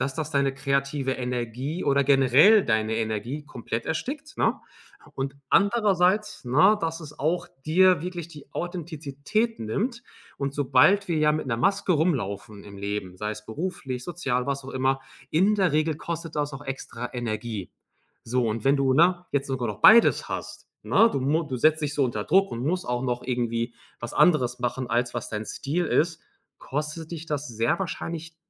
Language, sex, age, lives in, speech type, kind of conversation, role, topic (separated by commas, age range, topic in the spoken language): German, male, 30-34, Germany, conversation, advice, advisor, Wie würdest du deinen Burnout nach zu viel kreativer Arbeit ohne Pausen beschreiben?